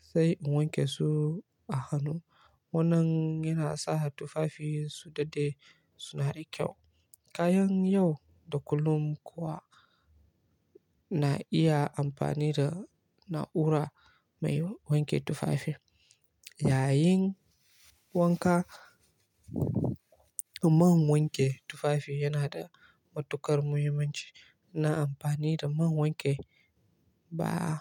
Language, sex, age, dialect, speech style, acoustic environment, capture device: Hausa, male, 18-24, Nigeria - Kano, relaxed, silence, mobile / Linux / Chrome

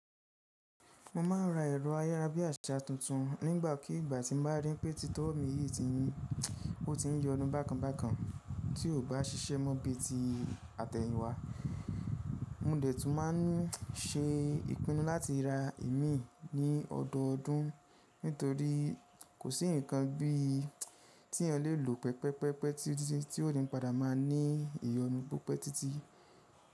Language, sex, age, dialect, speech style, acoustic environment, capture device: Yoruba, male, 25-34, Nigeria - Ibadan, focused, nature, mobile / Linux / Chrome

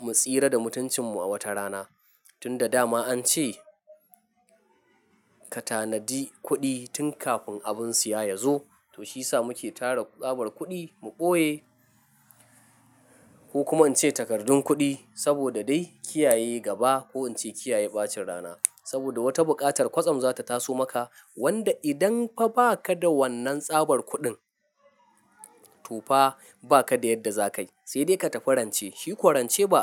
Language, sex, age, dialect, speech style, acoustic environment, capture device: Hausa, male, 25-34, Nigeria - Nigerian Standard, happy, people talking, mobile / Linux / Chrome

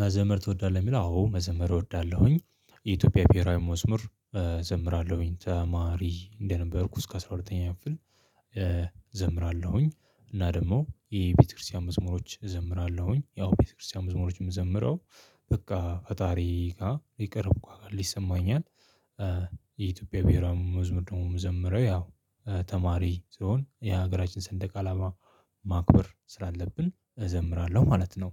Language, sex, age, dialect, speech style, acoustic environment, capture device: Amharic, male, 25-34, Ethiopia - Addis Ababa, relaxed, people talking, mobile / Linux / Chrome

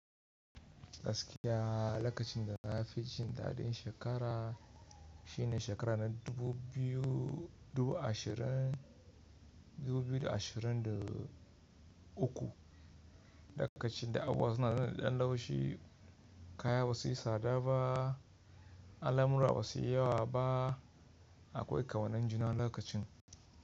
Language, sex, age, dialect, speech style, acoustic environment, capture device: Hausa, male, 25-34, Nigeria - Kano, relaxed, nature; silence; people talking, mobile / Linux / Chrome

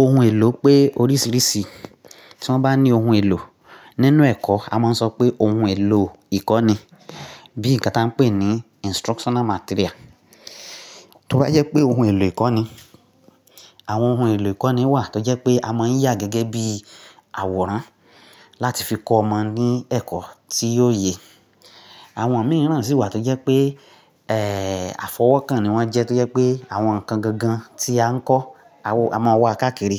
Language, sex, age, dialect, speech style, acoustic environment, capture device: Yoruba, male, 35-44, Nigeria - Nigerian Standard, focused; excited; happy, silence, mobile / Linux / Chrome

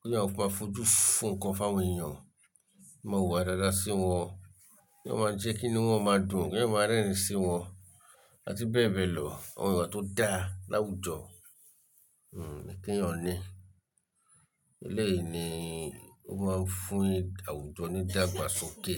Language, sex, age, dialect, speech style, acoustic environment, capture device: Yoruba, male, 35-44, Nigeria - Lagos Yoruba, relaxed, silence; nature, mobile / Linux / Chrome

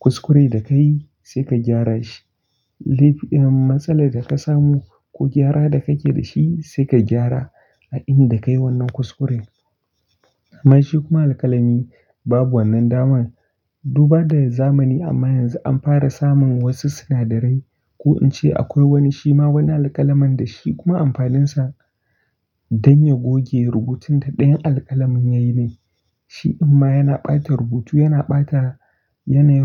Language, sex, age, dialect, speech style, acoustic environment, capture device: Hausa, male, 18-24, Nigeria - Kano, excited; focused; relaxed; happy, nature, desktop / Linux / Chrome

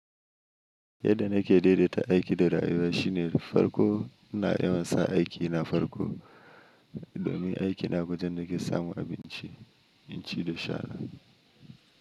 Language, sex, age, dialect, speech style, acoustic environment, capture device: Hausa, male, 25-34, Nigeria - Nigerian Standard, anxious; happy, silence; nature, mobile / Linux / Chrome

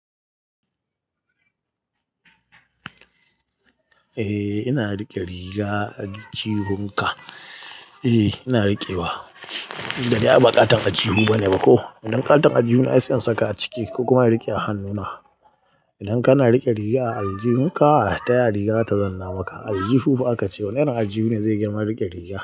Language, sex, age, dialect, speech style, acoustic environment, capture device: Hausa, male, 25-34, Nigeria - Katsina, nervous; excited; focused; relaxed; tired; anxious; happy; stressed, people talking; music; nature; silence; traffic; construction; appliances, mobile / Linux / Chrome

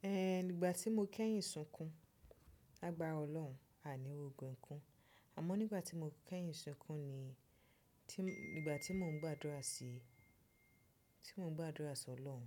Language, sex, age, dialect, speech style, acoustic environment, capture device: Yoruba, female, 25-34, Nigeria - Nigerian Standard, happy, people talking, desktop / Windows / Chrome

